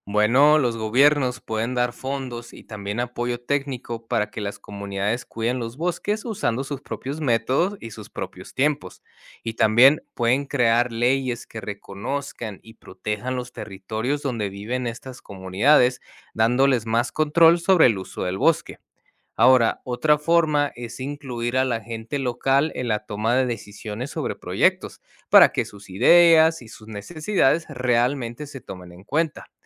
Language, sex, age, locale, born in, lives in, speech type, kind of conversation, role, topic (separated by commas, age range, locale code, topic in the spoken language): Spanish, male, 30-34, es-MX, United States, Mexico, read, expert-assistant, expert, El impacto de la deforestación en la biodiversidad